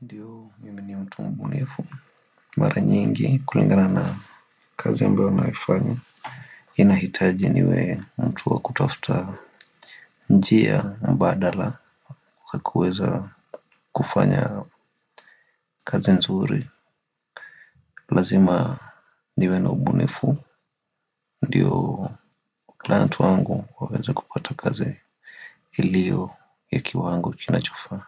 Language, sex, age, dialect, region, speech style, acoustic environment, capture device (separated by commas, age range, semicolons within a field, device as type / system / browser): Swahili, male, 25-34, Kenya - Nairobi Sheng-influenced, Kenya, relaxed, silence, desktop / Windows / Firefox